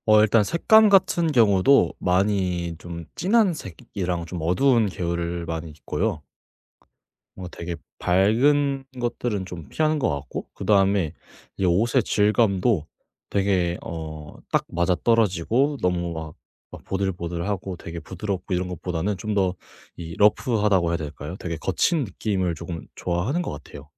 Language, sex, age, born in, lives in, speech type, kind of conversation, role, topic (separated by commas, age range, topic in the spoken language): Korean, male, 18-19, South Korea, Japan, conversation, podcast, guest, 옷을 통해 자신을 어떻게 보여 주고 싶으신가요?